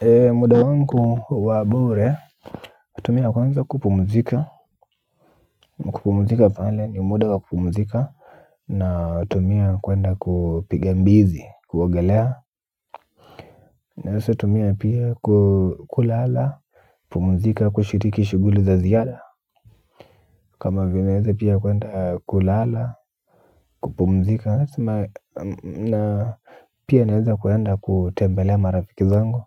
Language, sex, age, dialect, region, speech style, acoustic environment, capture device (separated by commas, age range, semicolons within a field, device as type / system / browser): Swahili, male, 18-24, Kenya - Nairobi Sheng-influenced, Kenya, happy, appliances, mobile / Linux / Chrome